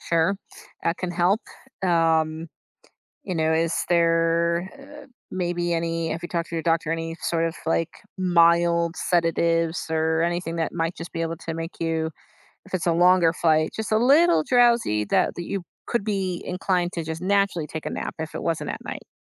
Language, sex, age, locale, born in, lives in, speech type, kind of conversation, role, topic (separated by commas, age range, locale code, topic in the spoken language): English, female, 35-39, en-US, United States, United States, conversation, advice, advisor, How can I manage restlessness during long commutes or travel?